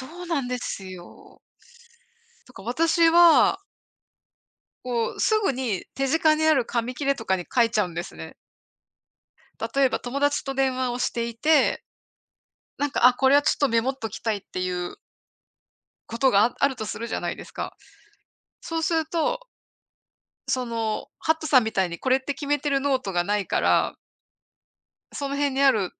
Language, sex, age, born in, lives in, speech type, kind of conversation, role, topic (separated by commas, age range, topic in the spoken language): Japanese, female, 55-59, Japan, United States, conversation, unstructured, none, デジタルとアナログでは、どちらの方法でメモを取りますか？